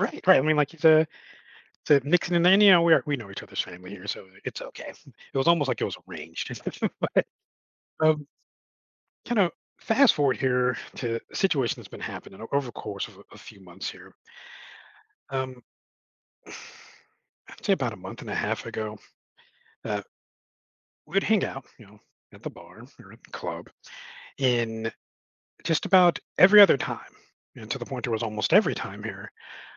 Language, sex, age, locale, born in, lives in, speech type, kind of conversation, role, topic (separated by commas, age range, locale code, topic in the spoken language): English, male, 40-44, en-US, United States, United States, conversation, advice, user, How can I apologize sincerely?